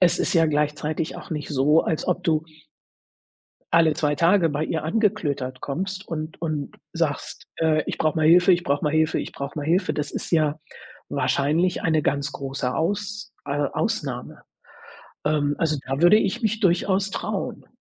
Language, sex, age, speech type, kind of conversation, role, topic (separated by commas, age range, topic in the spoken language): German, female, 60-64, conversation, advice, advisor, Wie blockiert dich Perfektionismus dabei, bei wichtigen Aufgaben voranzukommen?